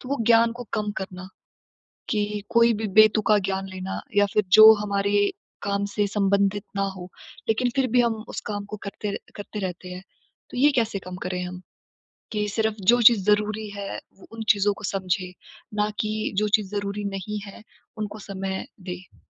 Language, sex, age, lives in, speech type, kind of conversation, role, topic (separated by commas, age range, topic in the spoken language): Hindi, female, 20-24, India, conversation, advice, user, डिजिटल सूचनाओं से ध्यान भटकना कम करने के लिए मैं क्या बदलाव कर सकता/सकती हूँ?